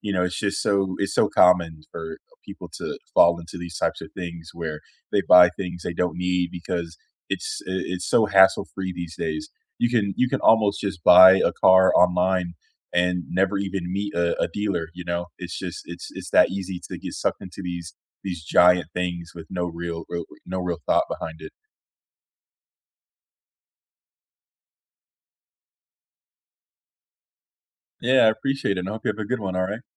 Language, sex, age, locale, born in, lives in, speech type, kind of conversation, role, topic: English, male, 25-29, en-US, United States, United States, conversation, unstructured, none, Have you ever made a big purchase that you later regretted?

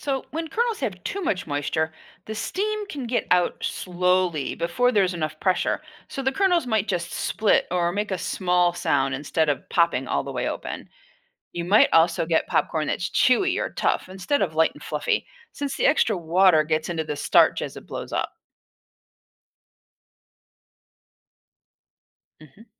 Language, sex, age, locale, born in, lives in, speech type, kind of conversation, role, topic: English, female, 60-64, en-US, United States, United States, read, expert-assistant, expert, How does popcorn pop and what affects its texture and crunchiness?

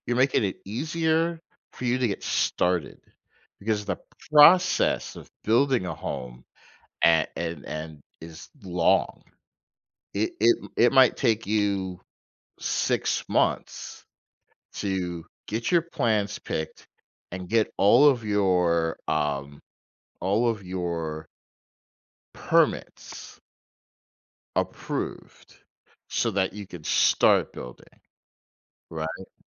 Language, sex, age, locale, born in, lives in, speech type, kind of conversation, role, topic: English, male, 45-49, en-US, United States, United States, conversation, advice, advisor, How can I decide whether to invest or save?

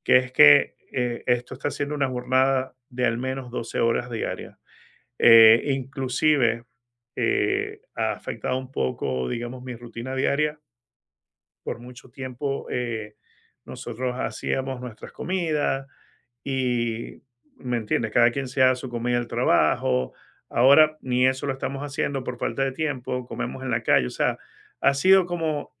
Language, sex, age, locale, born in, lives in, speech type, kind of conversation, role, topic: Spanish, male, 50-54, es-VE, Venezuela, United States, conversation, advice, user, ¿Cómo puedo aprovechar una pausa para recargar la mente?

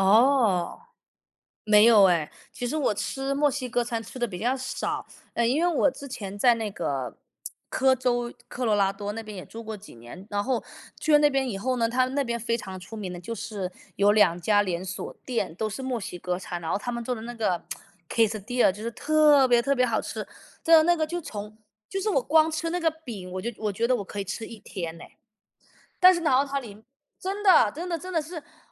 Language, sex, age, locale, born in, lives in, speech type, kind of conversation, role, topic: Chinese, male, 35-39, zh-CN, United States, United States, conversation, unstructured, none, 你有没有特别喜欢的地方小吃？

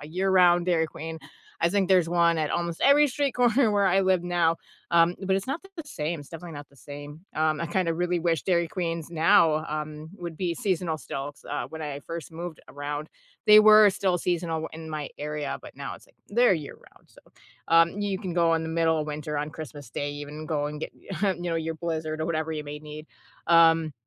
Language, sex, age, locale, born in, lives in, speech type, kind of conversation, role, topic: English, female, 35-39, en-US, United States, United States, conversation, unstructured, none, What place from your childhood do you still think about often, and what makes it special to you?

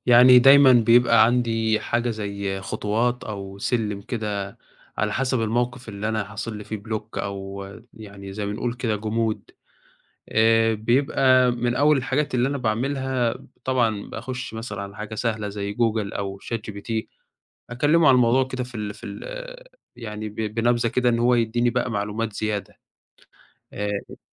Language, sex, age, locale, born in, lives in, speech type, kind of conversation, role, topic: Arabic, male, 20-24, ar-EG, Egypt, Egypt, conversation, podcast, guest, بتعمل إيه لما تحس إنك متعطل إبداعيًا؟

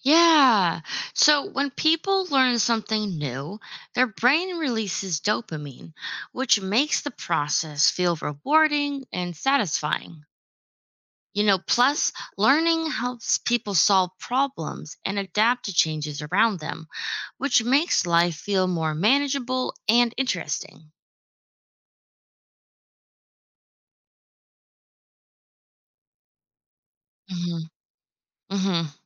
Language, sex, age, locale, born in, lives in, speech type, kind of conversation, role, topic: English, female, 25-29, en-US, United States, United States, read, expert-assistant, expert, Why do people enjoy learning new things?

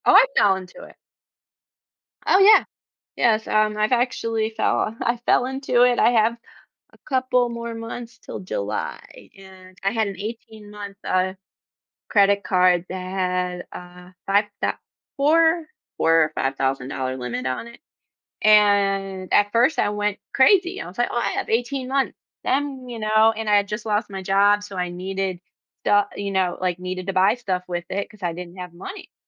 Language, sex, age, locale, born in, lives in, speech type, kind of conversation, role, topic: English, female, 35-39, en-US, United States, United States, conversation, podcast, guest, How can understanding credit cards help people make better financial decisions?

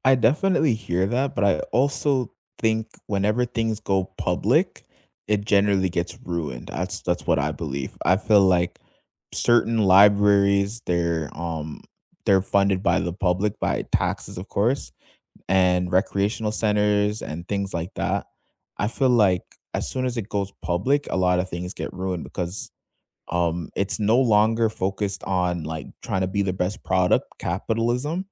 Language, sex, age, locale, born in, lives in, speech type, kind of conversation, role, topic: English, male, 20-24, en-CA, Canada, Canada, conversation, unstructured, none, Should governments subsidize gym memberships to improve public health, reduce healthcare costs, and promote equity?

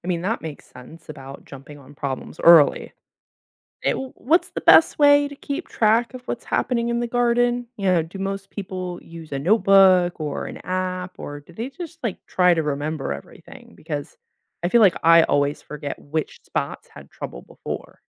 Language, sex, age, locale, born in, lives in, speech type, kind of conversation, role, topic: English, female, 25-29, en-US, United States, United States, read, expert-assistant, asker, How can gardeners prepare for weather and pest challenges?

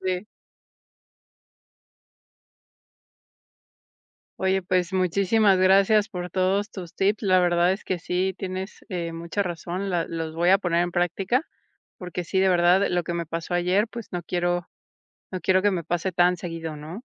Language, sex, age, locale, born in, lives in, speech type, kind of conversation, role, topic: Spanish, female, 40-44, es-MX, Mexico, Mexico, conversation, advice, user, ¿Cómo puedo sobrellevar sentirme abrumado por demasiadas responsabilidades en el trabajo y en casa?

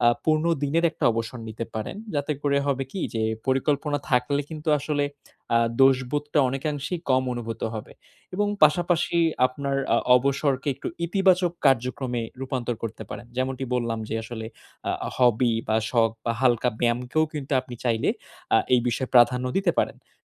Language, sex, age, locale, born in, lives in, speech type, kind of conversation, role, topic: Bengali, male, 20-24, bn-BD, Bangladesh, Bangladesh, conversation, advice, advisor, অবসর নেওয়ার সময় কেন আমি সবসময় দোষবোধ অনুভব করি?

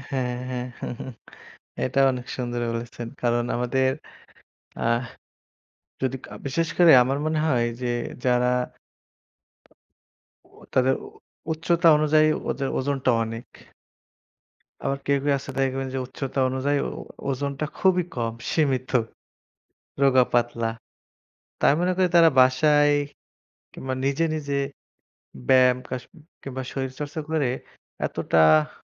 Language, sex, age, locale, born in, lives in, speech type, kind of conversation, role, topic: Bengali, male, 25-29, bn-BD, Bangladesh, Bangladesh, conversation, unstructured, none, আপনি কি মনে করেন সবার নিয়মিত ব্যায়াম করা উচিত, আর শরীরচর্চা না করলে জীবনে কী কী সমস্যা হতে পারে?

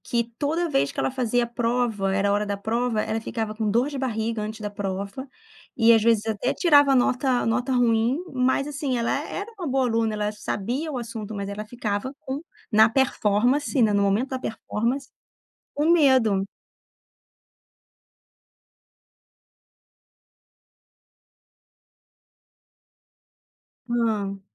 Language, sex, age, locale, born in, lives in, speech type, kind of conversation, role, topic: Portuguese, female, 35-39, pt-BR, Brazil, France, conversation, podcast, host, Como você lida com pensamentos negativos insistentes?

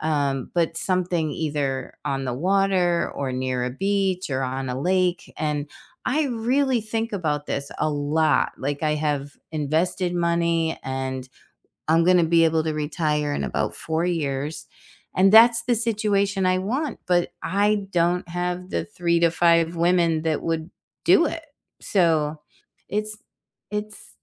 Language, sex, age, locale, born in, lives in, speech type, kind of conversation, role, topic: English, female, 60-64, en-US, United States, United States, conversation, unstructured, none, What are you trying to do more of lately, and how can others cheer you on?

